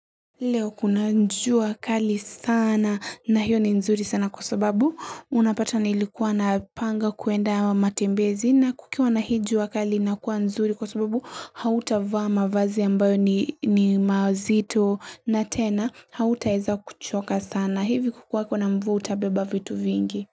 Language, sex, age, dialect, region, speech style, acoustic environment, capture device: Swahili, female, 18-24, Kenya - Mombasa, Kenya, happy; anxious, silence, mobile / Linux / Chrome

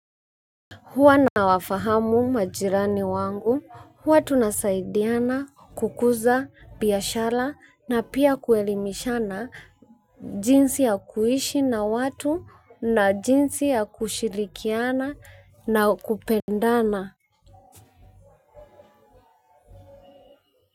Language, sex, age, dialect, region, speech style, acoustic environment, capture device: Swahili, female, 25-34, Kenya - Nairobi Sheng-influenced, Kenya, relaxed, silence, mobile / Linux / Chrome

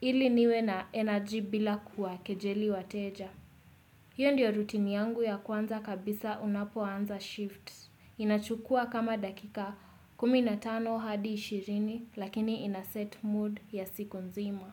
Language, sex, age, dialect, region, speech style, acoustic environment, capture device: Swahili, female, 18-24, Kenya - Nairobi Sheng-influenced, Kenya, relaxed; focused; excited, silence, desktop / Windows / Chrome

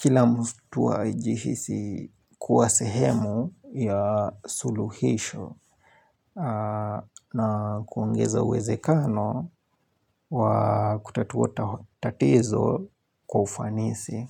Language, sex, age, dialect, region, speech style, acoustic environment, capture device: Swahili, male, 25-34, Kenya - Nairobi Sheng-influenced, Kenya, relaxed; happy; focused, silence, mobile / Linux / Chrome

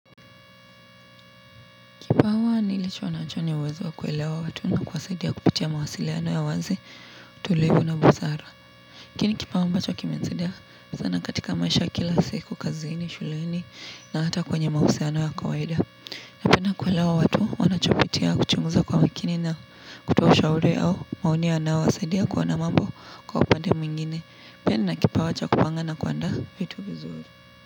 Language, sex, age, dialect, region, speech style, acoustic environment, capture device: Swahili, female, 18-24, Kenya - Nairobi Sheng-influenced, Kenya, relaxed, appliances; traffic, mobile / Linux / Chrome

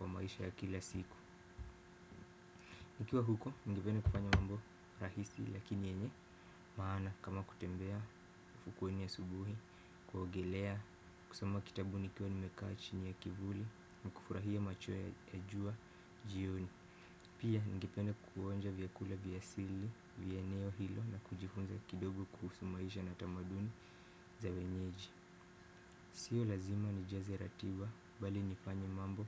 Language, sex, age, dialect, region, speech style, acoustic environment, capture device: Swahili, male, 18-24, Kenya - Mombasa, Kenya, happy, silence, desktop / Windows / Firefox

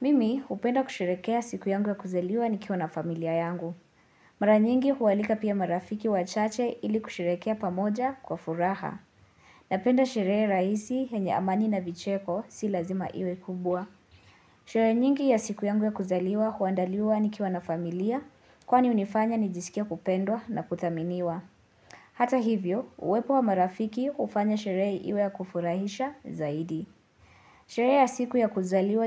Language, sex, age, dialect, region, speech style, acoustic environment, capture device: Swahili, female, 18-24, Kenya - Nairobi Sheng-influenced, Kenya, nervous, silence, mobile / Linux / Chrome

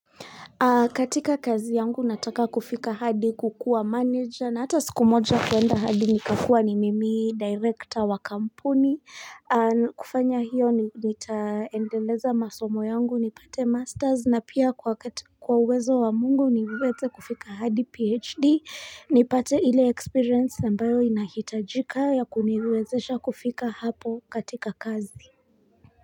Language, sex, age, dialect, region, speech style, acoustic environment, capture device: Swahili, female, 25-34, Kenya - Nairobi Sheng-influenced, Kenya, focused, nature, mobile / Linux / Chrome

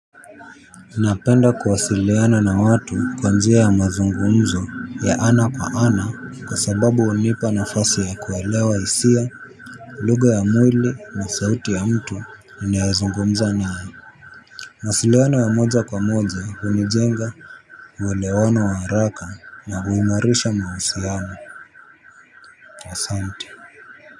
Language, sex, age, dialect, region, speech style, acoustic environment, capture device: Swahili, male, 18-24, Kenya - Nairobi Sheng-influenced, Kenya, focused; relaxed, traffic; people talking, mobile / Linux / Chrome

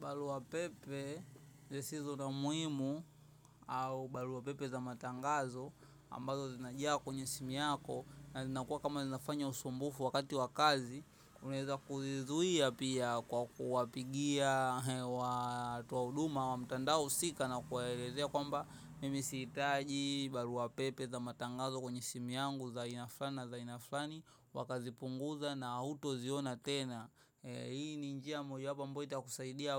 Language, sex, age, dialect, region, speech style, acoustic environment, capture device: Swahili, male, 18-24, Tanzania - Dar es Salaam, Tanzania, focused; excited, traffic; nature; people talking; music, mobile / macOS / Safari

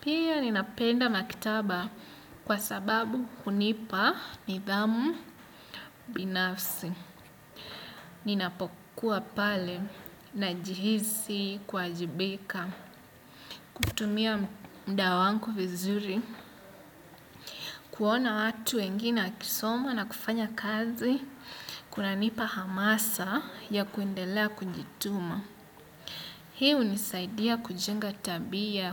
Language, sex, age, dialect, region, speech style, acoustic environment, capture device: Swahili, male, 25-34, Kenya - Mombasa, Kenya, happy, nature, desktop / Windows / Chrome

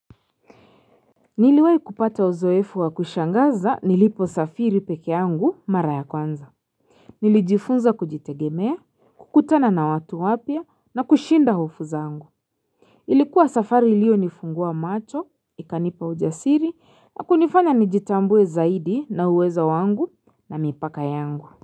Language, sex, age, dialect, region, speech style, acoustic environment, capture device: Swahili, female, 25-34, Kenya - Nairobi Sheng-influenced, Kenya, relaxed; excited, silence, mobile / Linux / Chrome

